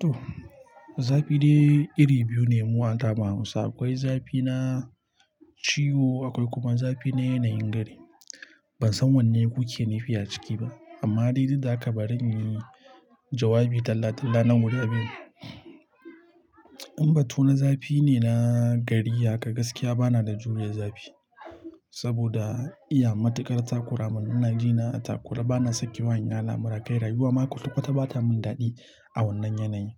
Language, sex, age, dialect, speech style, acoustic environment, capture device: Hausa, male, 25-34, Nigeria - Nigerian Standard, happy, silence, mobile / Linux / Chrome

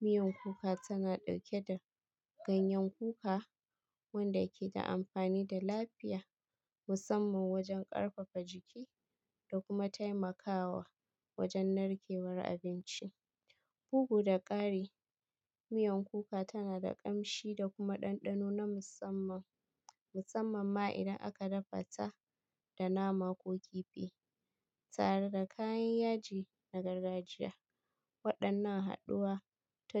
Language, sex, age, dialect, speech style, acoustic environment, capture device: Hausa, female, 25-34, Nigeria - Kano, happy; stressed; focused; tired, people talking; appliances, mobile / Linux / Chrome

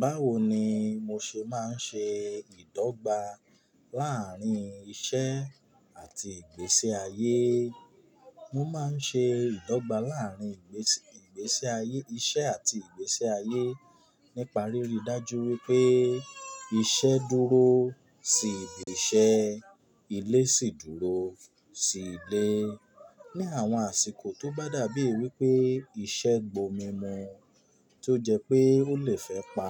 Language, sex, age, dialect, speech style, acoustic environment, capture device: Yoruba, male, 25-34, Nigeria - Nigerian Standard, happy; relaxed; excited; focused, people talking, mobile / Linux / Chrome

